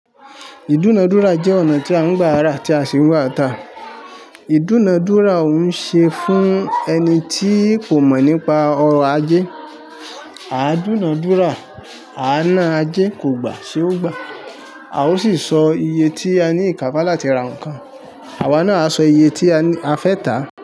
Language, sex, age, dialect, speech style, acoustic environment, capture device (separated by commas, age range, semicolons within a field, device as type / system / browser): Yoruba, male, 25-34, Nigeria - Ibadan, happy, silence, mobile / Linux / Chrome